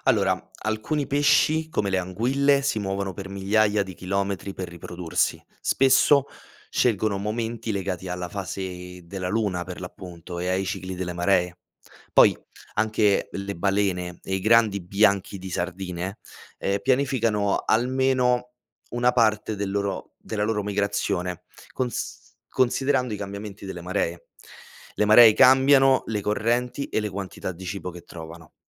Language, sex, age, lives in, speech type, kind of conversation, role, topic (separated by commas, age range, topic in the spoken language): Italian, male, 25-29, Italy, read, expert-assistant, expert, Perché la Luna mostra sempre la stessa faccia alla Terra?